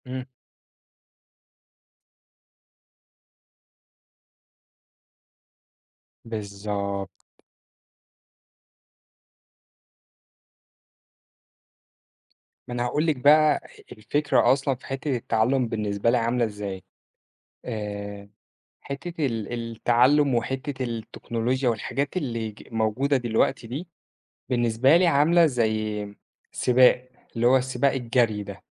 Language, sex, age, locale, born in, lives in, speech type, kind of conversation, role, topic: Arabic, male, 25-29, ar-EG, Egypt, Egypt, conversation, podcast, guest, إزاي بتحافظ على شغفك بالتعلّم طول عمرك؟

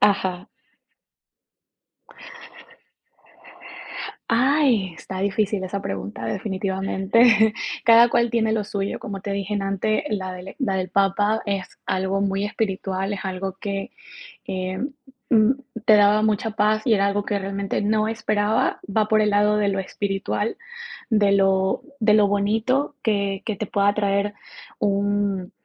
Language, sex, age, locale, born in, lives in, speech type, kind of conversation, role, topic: Spanish, female, 25-29, es-EC, Ecuador, United States, conversation, podcast, guest, ¿Cuál es tu recuerdo de viaje favorito?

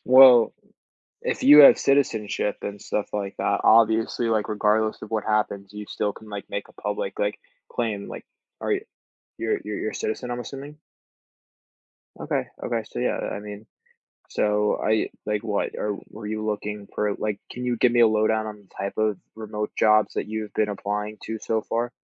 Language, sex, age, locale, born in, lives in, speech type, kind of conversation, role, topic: English, male, 18-19, en-US, United States, United States, conversation, advice, advisor, How can I manage daily stress from school, family, and chores without burning out?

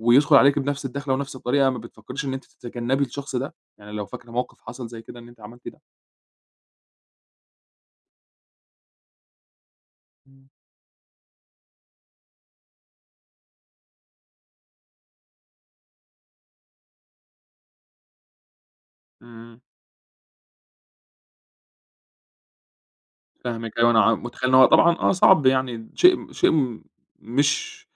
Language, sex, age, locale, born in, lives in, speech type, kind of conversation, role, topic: Arabic, male, 25-29, ar-EG, Egypt, Egypt, conversation, advice, advisor, ليه بدخل في علاقات بتخلص كل مرة بنفس الأنماط السامة؟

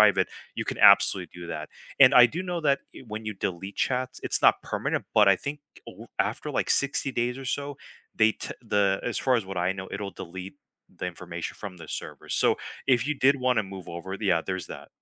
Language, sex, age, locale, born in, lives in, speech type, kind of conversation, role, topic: English, male, 35-39, en-US, United States, United States, conversation, unstructured, none, How do you use technology to balance your time and fine-tune your routine?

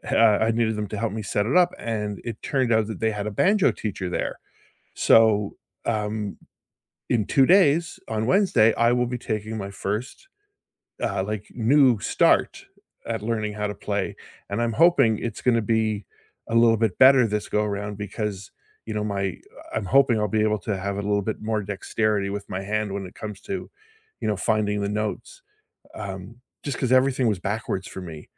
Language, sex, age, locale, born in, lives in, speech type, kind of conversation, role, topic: English, male, 55-59, en-CA, Canada, United States, conversation, unstructured, none, When was the last time you tried something completely new, and what did it teach you?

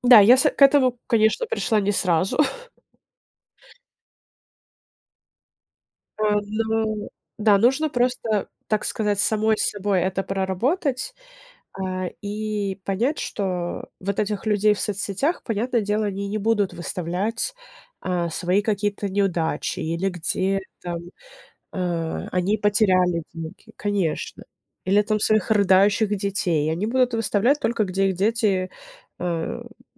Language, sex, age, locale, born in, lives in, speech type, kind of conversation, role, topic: Russian, female, 25-29, ru-RU, Ukraine, United States, conversation, podcast, guest, Как перестать сравнивать себя с «идеальными» образами в интернете?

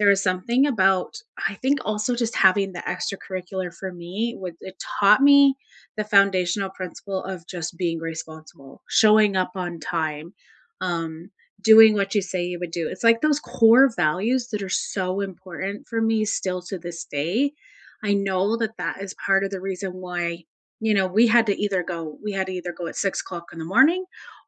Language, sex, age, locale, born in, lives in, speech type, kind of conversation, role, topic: English, female, 45-49, en-US, United States, United States, conversation, unstructured, none, Which extracurricular activities shaped you more than any class?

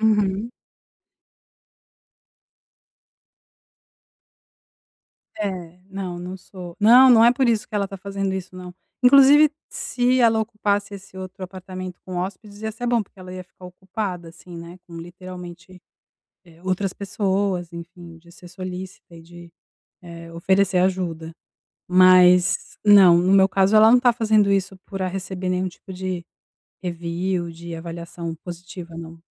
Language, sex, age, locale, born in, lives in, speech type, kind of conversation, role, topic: Portuguese, female, 45-49, pt-BR, Brazil, Italy, conversation, advice, user, Como posso estabelecer limites ao ajudar sem me sentir culpado?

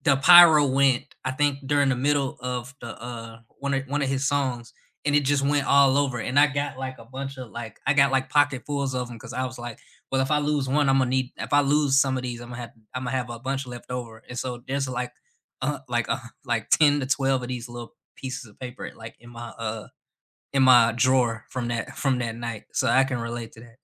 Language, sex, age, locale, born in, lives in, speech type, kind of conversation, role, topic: English, male, 25-29, en-US, United States, United States, conversation, unstructured, none, Which concert moments still give you goosebumps, and what made them unforgettable for you?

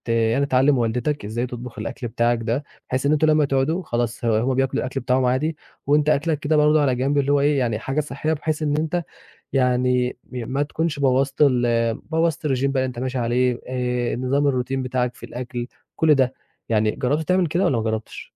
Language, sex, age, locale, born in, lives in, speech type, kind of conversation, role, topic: Arabic, male, 20-24, ar-EG, Egypt, Egypt, conversation, advice, advisor, أتعامل إزاي مع عدم دعم شريك حياتي أو أهلي لمحاولاتي إني آكل أكل صحي؟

